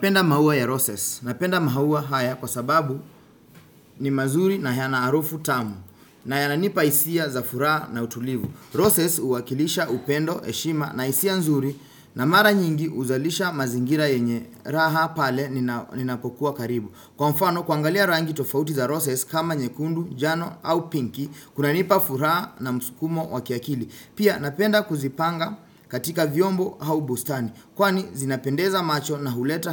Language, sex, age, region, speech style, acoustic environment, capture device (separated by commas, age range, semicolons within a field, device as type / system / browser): Swahili, male, 25-34, Kenya, focused; relaxed, silence, desktop / Windows / Chrome